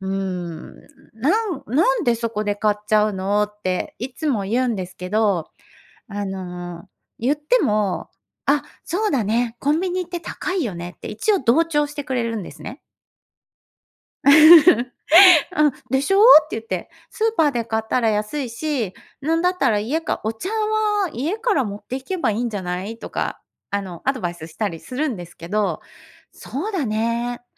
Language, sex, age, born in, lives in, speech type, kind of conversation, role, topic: Japanese, female, 50-54, Japan, Japan, conversation, advice, user, 家族の買い物習慣が私の節約の妨げになっているのはなぜですか？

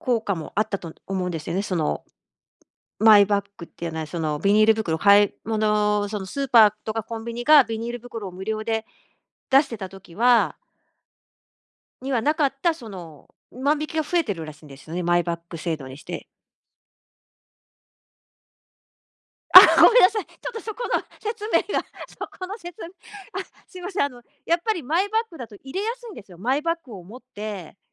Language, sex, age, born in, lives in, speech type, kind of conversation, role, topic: Japanese, female, 50-54, Japan, Japan, conversation, podcast, guest, プラスチックごみ対策で、今いちばん大事なことは何ですか？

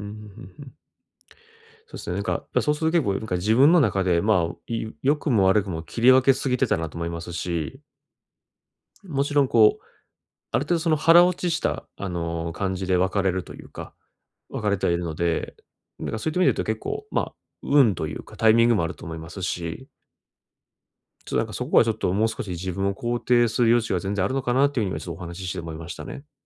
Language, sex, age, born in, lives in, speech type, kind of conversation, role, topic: Japanese, male, 30-34, Japan, Japan, conversation, advice, user, 別れたあと、どうすれば自分を取り戻せますか？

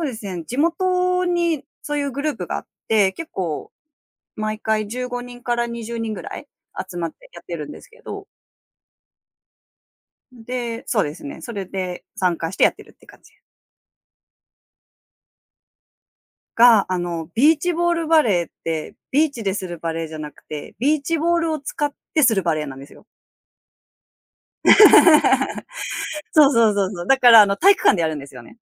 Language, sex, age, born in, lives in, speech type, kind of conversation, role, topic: Japanese, female, 30-34, Japan, Japan, conversation, podcast, guest, 朝のルーティンで生産性を上げるコツはありますか？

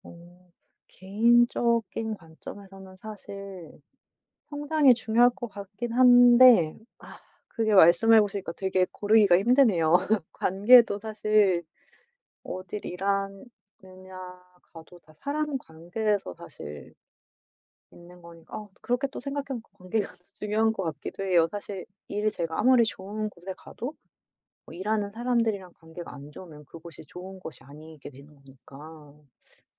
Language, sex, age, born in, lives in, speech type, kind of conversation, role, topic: Korean, female, 35-39, United States, United States, conversation, advice, user, 지금 하는 일이 제 삶에 진정한 의미가 있는지 어떻게 알 수 있을까요?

